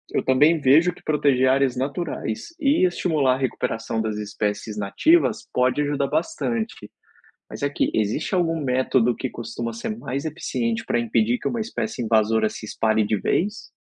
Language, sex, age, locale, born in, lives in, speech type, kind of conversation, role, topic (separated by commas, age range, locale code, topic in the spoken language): Portuguese, male, 30-34, pt-BR, Brazil, Spain, read, expert-assistant, asker, Como as espécies conseguem se adaptar a mudanças ambientais e ao controle de invasoras?